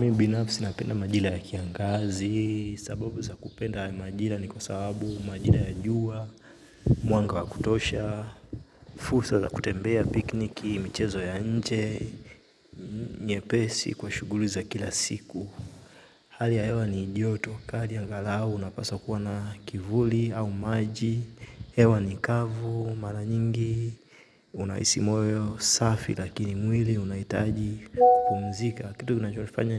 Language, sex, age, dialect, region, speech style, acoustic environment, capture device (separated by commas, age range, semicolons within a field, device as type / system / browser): Swahili, male, 25-34, Tanzania - Dar es Salaam, Tanzania, focused, silence, mobile / Linux / Chrome